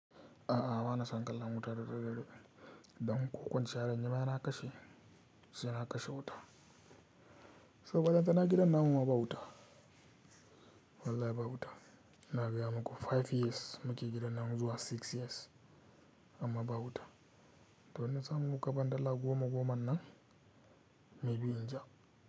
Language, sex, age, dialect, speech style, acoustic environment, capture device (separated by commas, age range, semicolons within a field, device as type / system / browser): Hausa, male, 18-24, Nigeria - Katsina, happy, silence, mobile / Linux / Chrome